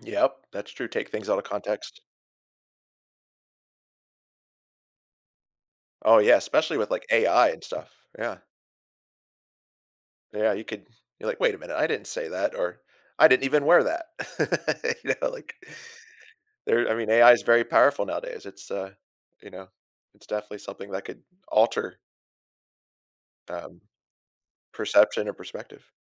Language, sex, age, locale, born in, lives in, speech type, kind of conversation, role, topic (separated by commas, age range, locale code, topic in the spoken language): English, male, 45-49, en-US, United States, United States, conversation, unstructured, none, How do you think reality TV affects our views on privacy and personal boundaries?